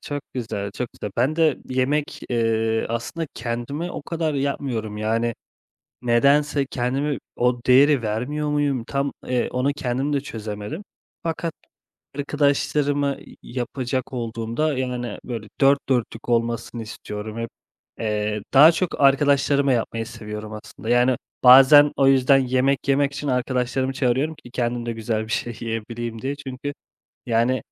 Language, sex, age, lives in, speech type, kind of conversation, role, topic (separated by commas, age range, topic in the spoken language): Turkish, male, 30-34, Italy, conversation, unstructured, none, Hayatta yeni şeyler denemek seni heyecanlandırır mı?